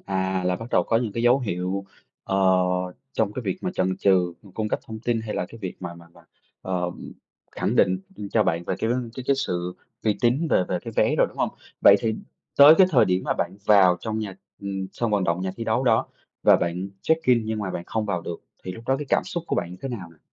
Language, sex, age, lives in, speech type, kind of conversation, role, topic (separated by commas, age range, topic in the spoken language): Vietnamese, male, 30-34, Vietnam, conversation, podcast, host, Bạn có thể kể về một lần bạn gặp lừa đảo trực tuyến và bài học bạn rút ra từ đó không?